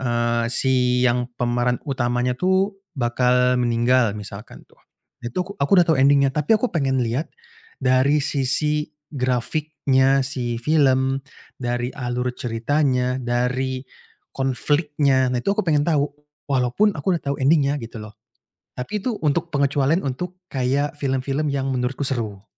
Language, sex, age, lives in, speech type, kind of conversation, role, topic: Indonesian, male, 25-29, Indonesia, conversation, podcast, guest, Seberapa mengganggu spoiler bagi pengalaman menontonmu, sih?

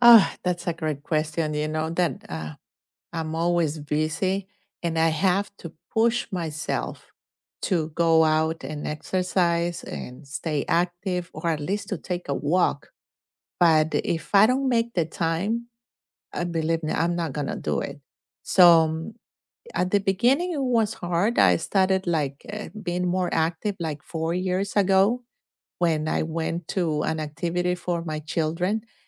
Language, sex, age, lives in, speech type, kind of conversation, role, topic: English, female, 50-54, United States, conversation, unstructured, none, What is your go-to way to stay active during a busy week?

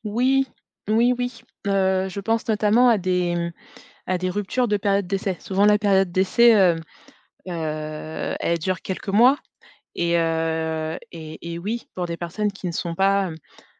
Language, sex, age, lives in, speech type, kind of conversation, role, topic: French, female, 35-39, France, conversation, podcast, guest, Qu’est-ce qui fait, selon toi, un bon manager ?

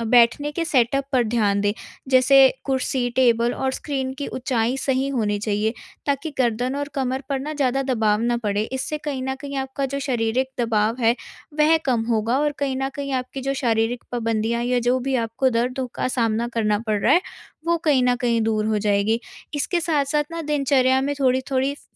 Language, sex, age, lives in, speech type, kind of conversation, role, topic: Hindi, female, 18-19, India, conversation, advice, advisor, बैठने की आदत बदलकर अधिक सक्रिय जीवन कैसे जिया जा सकता है?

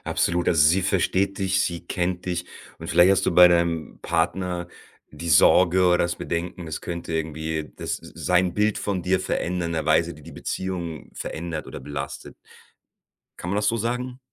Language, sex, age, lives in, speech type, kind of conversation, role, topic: German, male, 40-44, Germany, conversation, podcast, host, Wie hast du gelernt, um Hilfe zu bitten, wenn du sie brauchst?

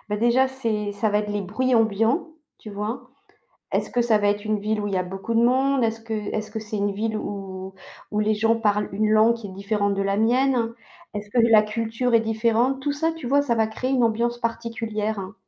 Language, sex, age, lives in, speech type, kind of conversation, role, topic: French, female, 55-59, France, conversation, unstructured, none, Qu’aimez-vous le plus découvrir dans une nouvelle ville ?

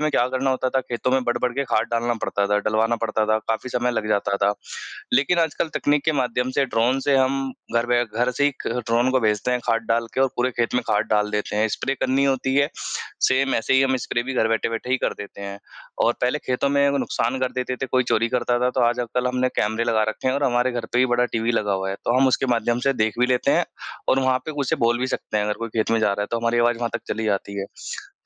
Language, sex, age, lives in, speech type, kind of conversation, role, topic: Hindi, male, 25-29, India, conversation, unstructured, none, क्या प्रौद्योगिकी ने आपके जीवन में खुशियाँ बढ़ाई हैं?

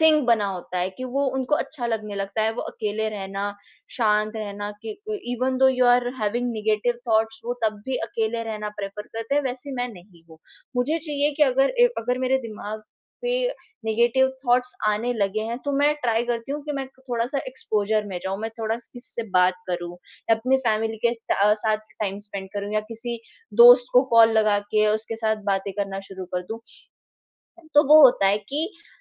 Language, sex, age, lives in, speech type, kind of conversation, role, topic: Hindi, female, 20-24, India, conversation, podcast, guest, Creative akelapan kab positive lagta hai aur kab negative lagta hai?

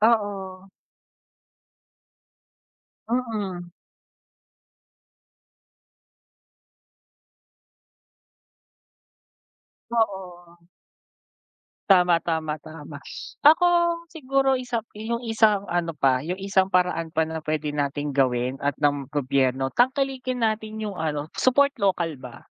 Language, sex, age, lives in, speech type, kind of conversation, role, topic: Filipino, male, 25-29, Philippines, conversation, unstructured, none, Ano ang reaksyon mo sa mga korporasyong nagpapataw ng mataas na presyo?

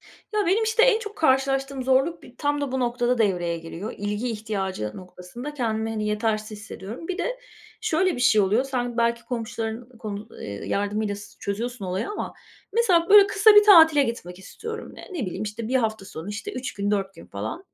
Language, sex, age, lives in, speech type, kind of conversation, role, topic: Turkish, female, 45-49, Spain, conversation, unstructured, none, Evcil hayvanların günlük bakımı nasıl yapılır?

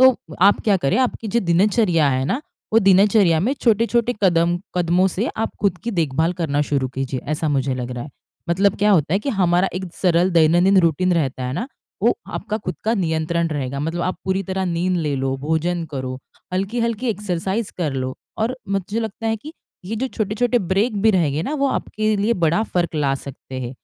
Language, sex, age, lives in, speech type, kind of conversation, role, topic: Hindi, female, 30-34, India, conversation, advice, advisor, मैं टूटे रिश्ते और खोई हुई उम्मीदों का शोक कैसे संभालूँ?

